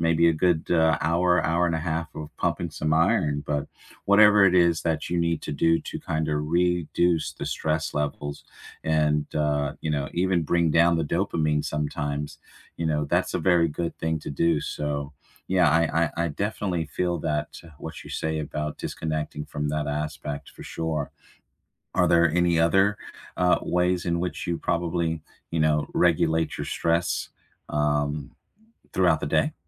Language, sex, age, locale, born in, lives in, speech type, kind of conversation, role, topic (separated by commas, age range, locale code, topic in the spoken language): English, male, 40-44, en-US, United States, United States, conversation, unstructured, none, Which small rituals or quick stress relievers help you get through tough days, and how did you discover them?